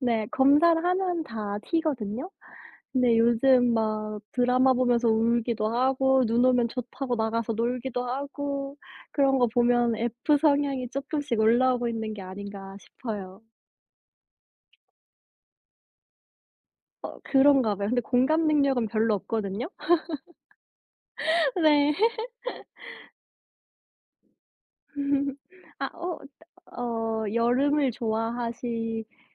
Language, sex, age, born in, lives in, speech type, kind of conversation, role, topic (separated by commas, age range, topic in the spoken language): Korean, female, 25-29, South Korea, United States, conversation, unstructured, none, 항상 여름인 곳에서 사는 것과 항상 겨울인 곳에서 사는 것 중 어느 쪽을 선택하시겠습니까?